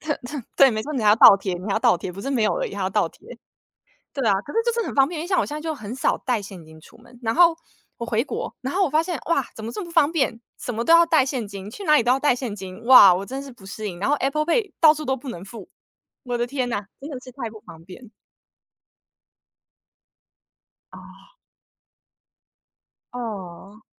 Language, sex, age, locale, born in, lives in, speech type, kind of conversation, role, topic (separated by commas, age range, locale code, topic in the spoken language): Chinese, female, 30-34, zh-TW, United States, United States, conversation, unstructured, none, 你怎么看待信用卡容易让人负债的问题？